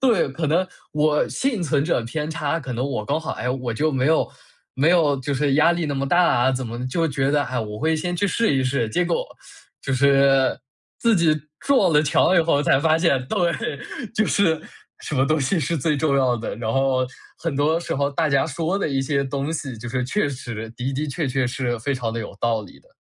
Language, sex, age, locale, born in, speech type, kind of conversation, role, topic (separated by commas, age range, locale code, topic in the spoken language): Chinese, male, 20-24, zh-CN, China, conversation, podcast, guest, 转行时你学到的最重要的一课是什么？